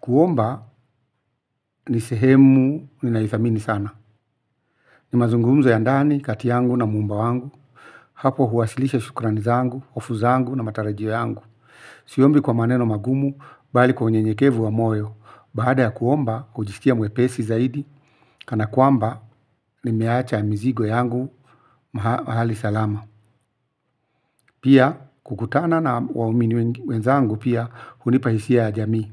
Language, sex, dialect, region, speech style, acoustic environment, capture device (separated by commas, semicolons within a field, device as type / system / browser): Swahili, male, Kenya - Nairobi Sheng-influenced, Kenya, focused; relaxed, silence, mobile / Linux / Chrome